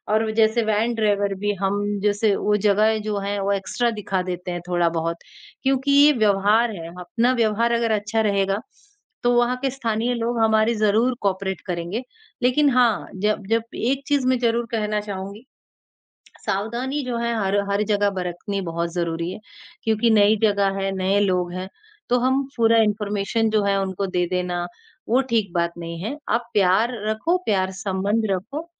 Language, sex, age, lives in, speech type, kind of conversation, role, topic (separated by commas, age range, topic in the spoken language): Hindi, male, 18-19, India, conversation, unstructured, none, क्या आप यात्रा के दौरान स्थानीय लोगों से मिलना पसंद करते हैं?